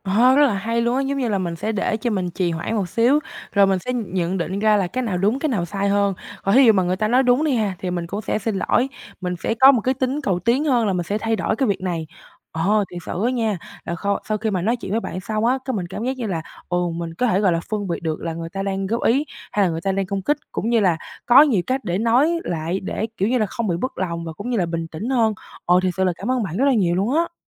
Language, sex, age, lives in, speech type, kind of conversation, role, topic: Vietnamese, female, 18-19, Vietnam, conversation, advice, user, Làm sao để giữ bình tĩnh khi bị chỉ trích?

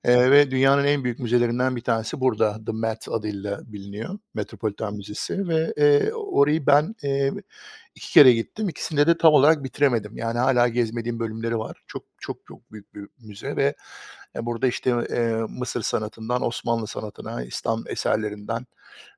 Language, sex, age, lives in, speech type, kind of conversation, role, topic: Turkish, male, 45-49, United States, conversation, unstructured, none, Sanat sana ne hissettiriyor?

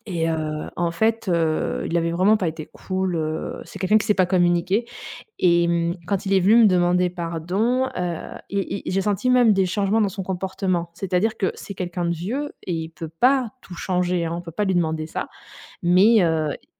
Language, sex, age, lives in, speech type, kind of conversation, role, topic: French, female, 35-39, Germany, conversation, podcast, guest, Qu’est-ce qui rend un pardon vraiment sincère ?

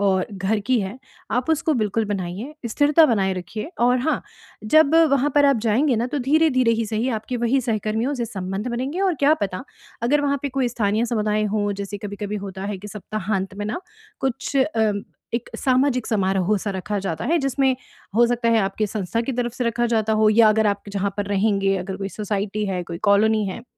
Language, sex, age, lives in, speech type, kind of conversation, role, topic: Hindi, female, 35-39, India, conversation, advice, advisor, मानसिक तनाव और भावनात्मक समर्थन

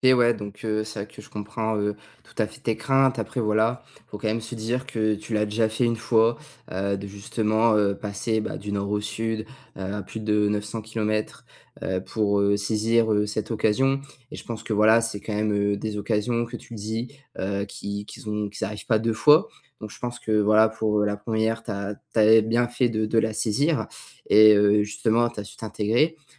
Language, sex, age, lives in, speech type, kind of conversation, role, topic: French, male, 18-19, France, conversation, advice, advisor, Comment trouver des opportunités lorsque tout change autour de moi et que l’avenir est incertain ?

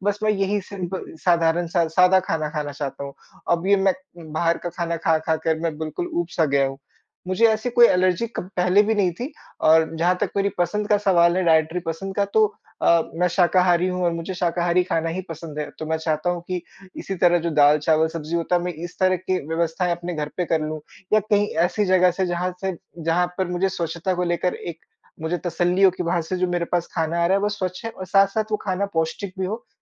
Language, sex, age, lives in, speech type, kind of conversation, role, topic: Hindi, male, 25-29, India, conversation, advice, user, मैं सीमित समय में जल्दी और पौष्टिक भोजन कैसे तैयार कर सकता/सकती हूँ?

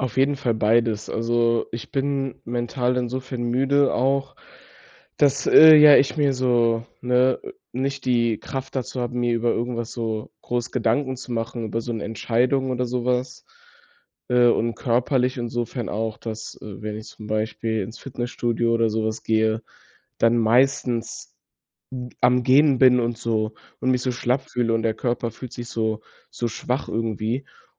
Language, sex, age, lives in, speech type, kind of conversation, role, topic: German, male, 18-19, Germany, conversation, advice, user, Warum bin ich morgens trotz ausreichender Schlafdauer müde und energielos?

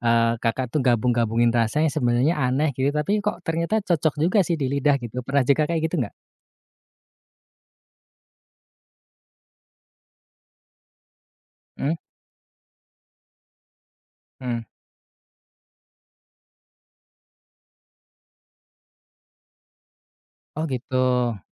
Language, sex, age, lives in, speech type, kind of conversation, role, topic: Indonesian, male, 30-34, Indonesia, conversation, podcast, host, Pernahkah kamu mencoba menggabungkan rasa yang terdengar aneh, tetapi ternyata cocok di lidah?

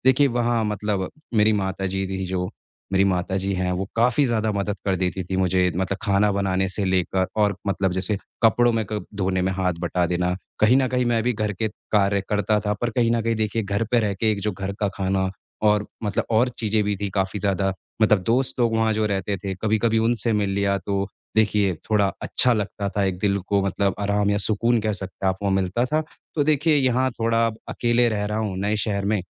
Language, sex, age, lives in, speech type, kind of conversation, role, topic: Hindi, male, 25-29, India, conversation, advice, user, नए शहर में मैं रोजमर्रा की आदतें कैसे बनाऊँ और खुद को सहज कैसे महसूस करूँ?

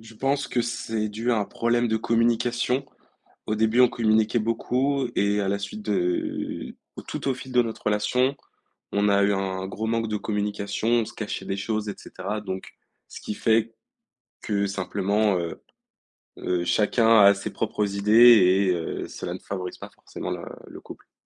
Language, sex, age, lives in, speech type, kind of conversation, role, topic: French, male, 18-19, France, conversation, advice, user, Comment annoncer une séparation à son partenaire ?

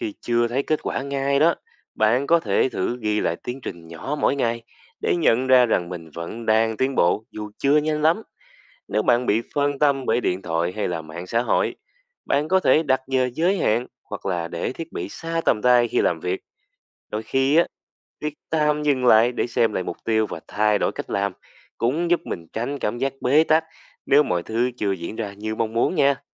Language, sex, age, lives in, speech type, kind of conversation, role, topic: Vietnamese, male, 20-24, Vietnam, read, expert-assistant, expert, Những phương pháp giúp duy trì động lực khi gặp khó khăn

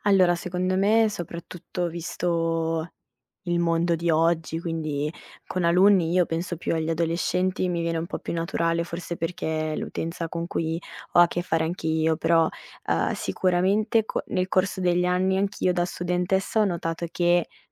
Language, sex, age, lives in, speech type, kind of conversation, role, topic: Italian, female, 25-29, Italy, conversation, podcast, guest, Come si può rendere una lezione più coinvolgente per gli studenti?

